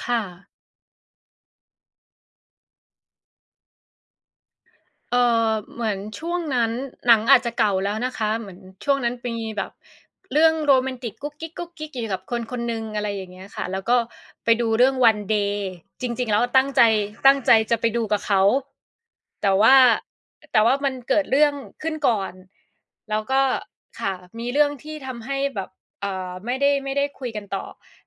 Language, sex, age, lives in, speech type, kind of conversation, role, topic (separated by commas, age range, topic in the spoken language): Thai, female, 30-34, Thailand, conversation, unstructured, none, คุณเคยรู้สึกเศร้าจากหนังเรื่องไหนบ้าง?